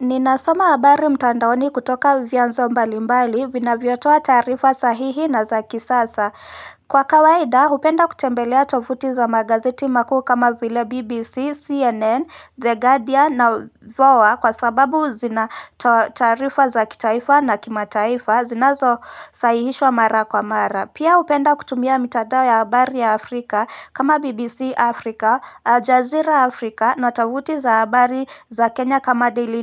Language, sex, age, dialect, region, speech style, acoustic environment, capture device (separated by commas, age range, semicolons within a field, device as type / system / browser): Swahili, female, 25-34, Kenya - Nairobi Sheng-influenced, Kenya, excited, silence, mobile / Linux / Chrome